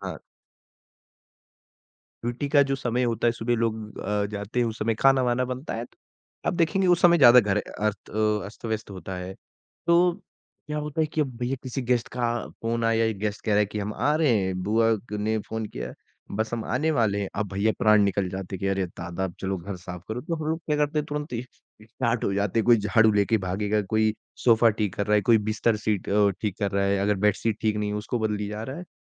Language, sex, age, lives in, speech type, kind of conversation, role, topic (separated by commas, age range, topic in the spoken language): Hindi, male, 20-24, India, conversation, podcast, guest, Guests aane par ghar jaldi ready karne ka sabse aasan tareeka kya hai?